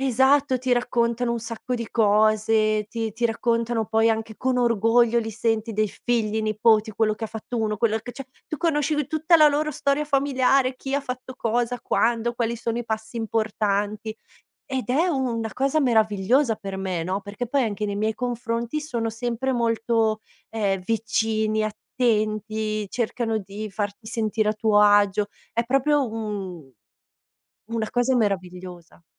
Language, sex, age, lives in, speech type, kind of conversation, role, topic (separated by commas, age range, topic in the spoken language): Italian, female, 30-34, Italy, conversation, podcast, guest, Come possono connettersi giovani e anziani?